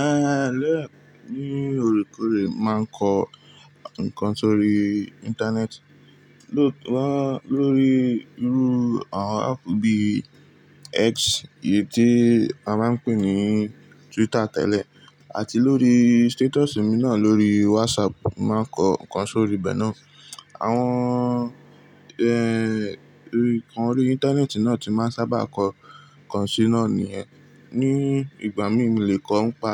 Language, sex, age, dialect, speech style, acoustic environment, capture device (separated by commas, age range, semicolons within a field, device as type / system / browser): Yoruba, male, 18-24, Nigeria - Lagos Yoruba, relaxed, appliances, mobile / Linux / Chrome